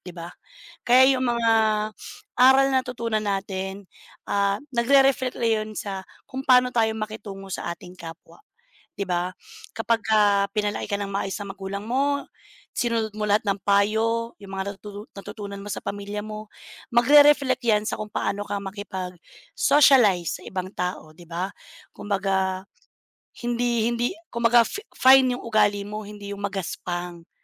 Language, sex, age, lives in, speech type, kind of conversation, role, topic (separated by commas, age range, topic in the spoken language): Filipino, female, 35-39, Philippines, conversation, unstructured, none, Ano ang pinakamahalagang aral na natutunan mo mula sa iyong pamilya?